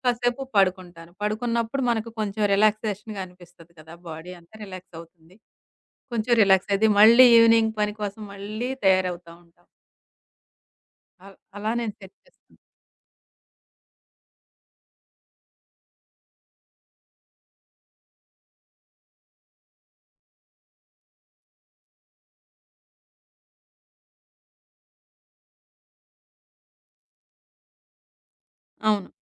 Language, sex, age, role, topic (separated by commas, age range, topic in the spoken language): Telugu, female, 40-44, guest, మీరు పనిలో ఎక్కువ గంటలు గడిపే సమయంలో మీ ఆరోగ్యాన్ని ఎలా కాపాడుకుంటారు?